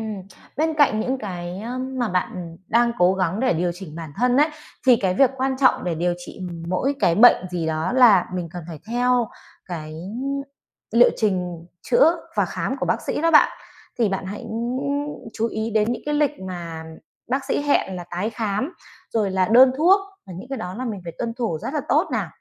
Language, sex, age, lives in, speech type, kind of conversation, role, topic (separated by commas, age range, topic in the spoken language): Vietnamese, female, 30-34, Vietnam, conversation, advice, advisor, Bạn vừa nhận chẩn đoán sức khỏe mới và đang lo lắng không biết tương lai sẽ ra sao phải không?